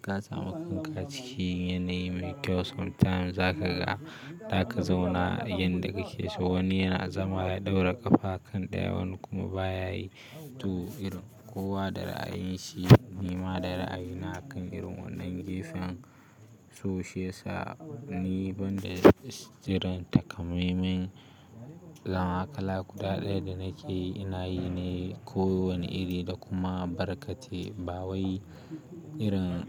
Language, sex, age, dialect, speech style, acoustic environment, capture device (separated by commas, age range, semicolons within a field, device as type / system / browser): Hausa, male, 18-24, Nigeria - Kano, stressed, silence, mobile / Linux / Chrome